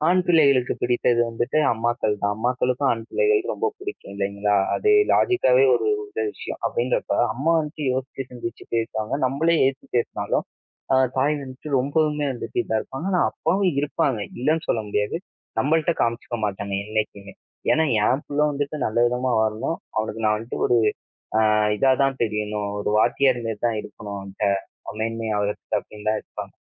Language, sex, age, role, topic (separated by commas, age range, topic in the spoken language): Tamil, male, 20-24, guest, நீங்கள் செய்த ஒரு தவறை நல்ல பாடமாக மாற்றிய அனுபவத்தைப் பகிர முடியுமா?